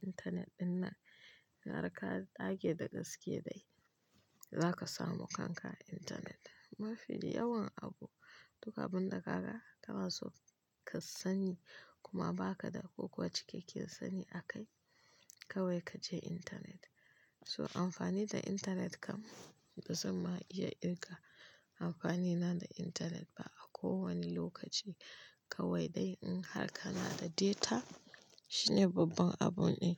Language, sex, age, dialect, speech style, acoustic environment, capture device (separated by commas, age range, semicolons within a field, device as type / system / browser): Hausa, female, 25-34, Nigeria - Kano, happy; focused, nature, mobile / Linux / Chrome